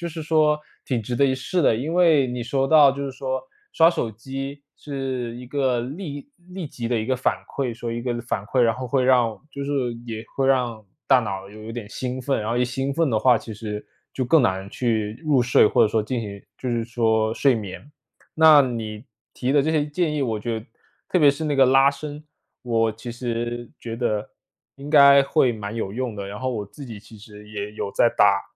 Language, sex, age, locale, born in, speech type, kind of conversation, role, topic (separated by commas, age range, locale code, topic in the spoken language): Chinese, male, 25-29, zh-CN, China, conversation, advice, user, 如何才能打破旧习惯的循环并真正改掉它？